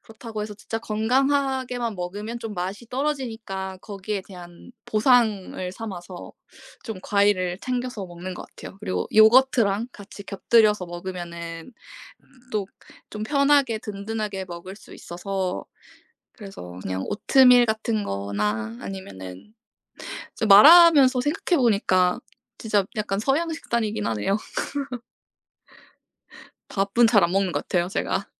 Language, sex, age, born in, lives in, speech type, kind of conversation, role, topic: Korean, female, 25-29, South Korea, South Korea, conversation, unstructured, none, 아침 식사와 저녁 식사 중 어느 식사가 더 중요하다고 생각하시나요?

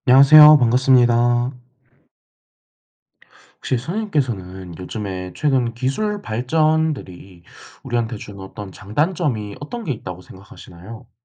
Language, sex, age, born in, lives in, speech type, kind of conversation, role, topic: Korean, male, 18-19, South Korea, South Korea, conversation, unstructured, none, 최근 기술 발전이 우리 삶에 주는 장점과 단점은 무엇일까요?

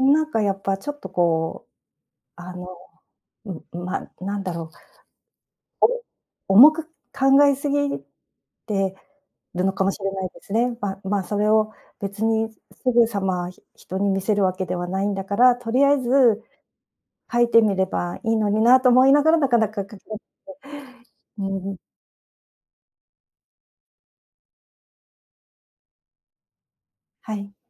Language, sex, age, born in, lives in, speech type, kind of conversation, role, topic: Japanese, female, 55-59, Japan, Japan, conversation, advice, user, 小さな習慣を作って創作量を増やすには、どのように始めればよいですか？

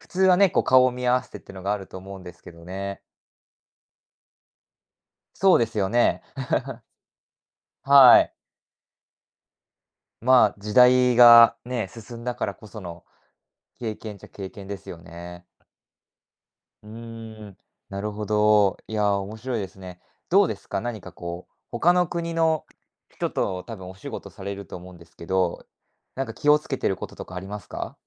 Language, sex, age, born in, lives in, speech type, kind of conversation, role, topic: Japanese, male, 20-24, Japan, Japan, conversation, podcast, host, 仕事で一番やりがいを感じるのは、どんな瞬間ですか？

